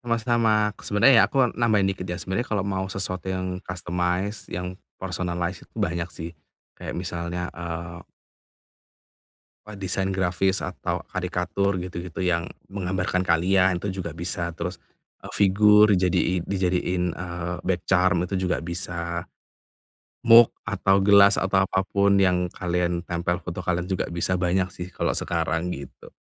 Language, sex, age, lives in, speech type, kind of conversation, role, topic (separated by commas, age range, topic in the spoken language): Indonesian, male, 30-34, Indonesia, conversation, advice, advisor, Bagaimana cara menemukan hadiah yang terasa bermakna untuk seseorang?